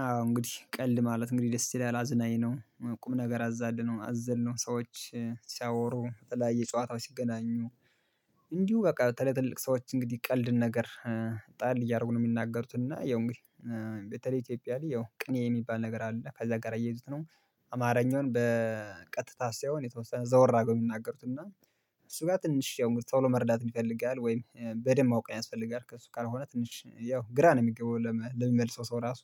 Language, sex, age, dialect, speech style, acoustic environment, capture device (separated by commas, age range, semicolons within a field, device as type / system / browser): Amharic, male, 25-34, Ethiopia - Gondar, happy; relaxed; focused; excited, silence, mobile / Linux / Chrome